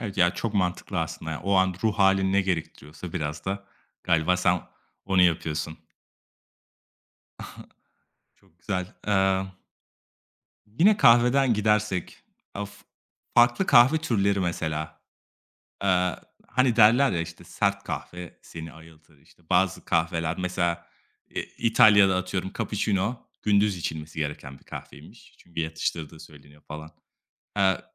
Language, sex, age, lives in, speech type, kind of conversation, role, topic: Turkish, male, 25-29, Italy, conversation, podcast, host, Bir hobiye yeniden dönmek ruh halimizi nasıl etkiler?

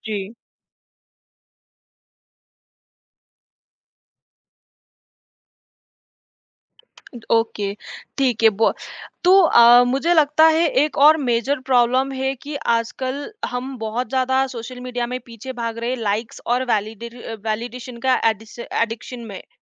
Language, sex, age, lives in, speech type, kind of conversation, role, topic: Hindi, female, 20-24, India, conversation, podcast, host, Social media se mental health par kya asar padta hai, tumhara kya nazariya hai?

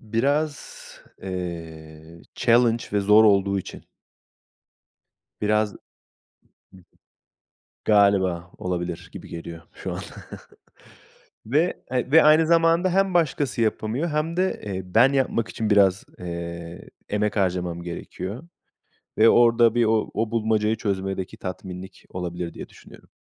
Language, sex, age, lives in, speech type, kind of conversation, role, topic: Turkish, male, 30-34, Portugal, conversation, unstructured, none, Eğitim hayatında en çok sevdiğin ders hangisi?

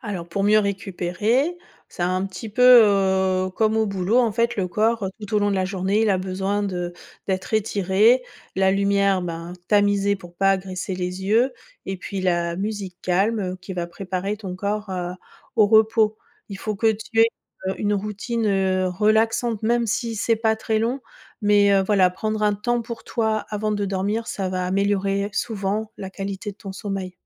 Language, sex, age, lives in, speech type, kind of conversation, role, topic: French, female, 45-49, France, read, expert-assistant, expert, Quels nouveaux gestes du quotidien pourraient vraiment faire la différence pour prévenir les soucis de santé ?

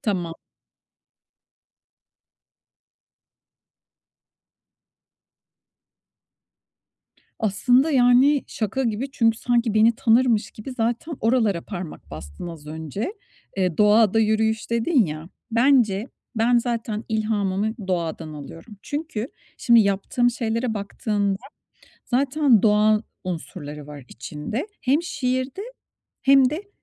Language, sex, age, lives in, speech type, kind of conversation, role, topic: Turkish, female, 45-49, Spain, conversation, advice, user, Odaklanma süremi artırıp dikkatimi korumak için hangi alışkanlıkları geliştirebilirim?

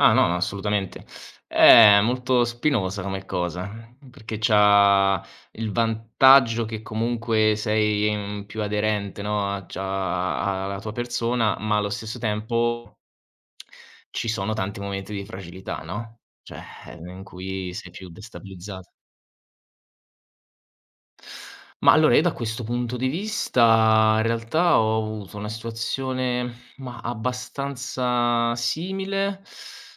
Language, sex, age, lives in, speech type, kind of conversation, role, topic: Italian, male, 25-29, Italy, conversation, unstructured, none, Che cosa ti spaventa quando pensi al sistema scolastico?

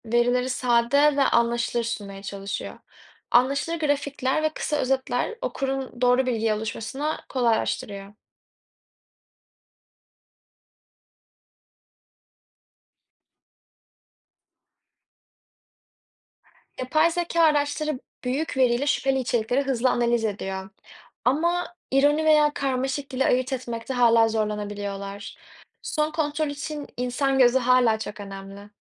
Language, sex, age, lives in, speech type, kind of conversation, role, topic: Turkish, female, 20-24, Netherlands, read, expert-assistant, expert, Dijital medya gazeteciliğin kalitesini nasıl etkiliyor?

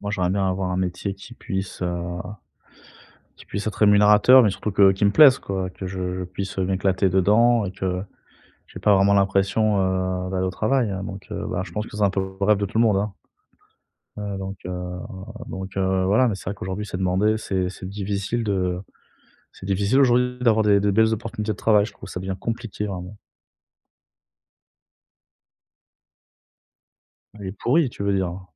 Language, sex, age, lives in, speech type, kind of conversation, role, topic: French, male, 30-34, France, conversation, advice, user, Comment savoir si ma carrière est en train de stagner ?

